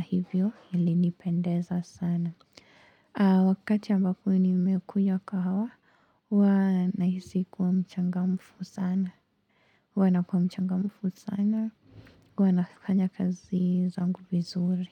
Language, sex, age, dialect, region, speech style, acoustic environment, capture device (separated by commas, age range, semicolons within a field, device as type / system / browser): Swahili, female, 18-24, Kenya - Mombasa, Kenya, relaxed, nature; silence, mobile / Linux / Chrome